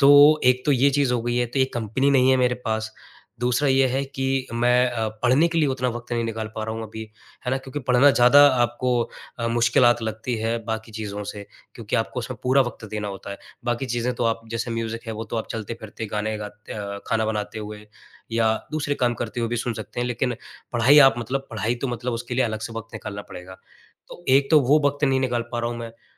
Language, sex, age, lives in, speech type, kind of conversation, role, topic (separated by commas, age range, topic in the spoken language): Hindi, male, 25-29, India, conversation, advice, user, लंबे ब्रेक के बाद मैं अपनी रचनात्मक आदतें फिर से कैसे बना सकता/सकती हूँ?